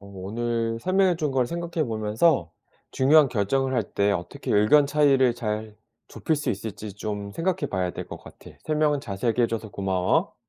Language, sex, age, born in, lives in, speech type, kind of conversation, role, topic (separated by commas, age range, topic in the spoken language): Korean, male, 40-44, South Korea, South Korea, read, expert-assistant, asker, 연인끼리 중요한 결정을 할 때 어떻게 의견 차이를 잘 해결할 수 있을까요?